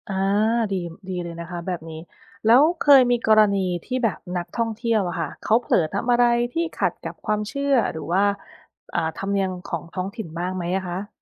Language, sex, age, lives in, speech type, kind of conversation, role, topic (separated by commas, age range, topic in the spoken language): Thai, female, 30-34, United States, read, expert-assistant, asker, ความเชื่อเรื่องทะเลของคนไทยส่งผลต่อการดูแลหรือใช้ประโยชน์จากทะเลอย่างไร?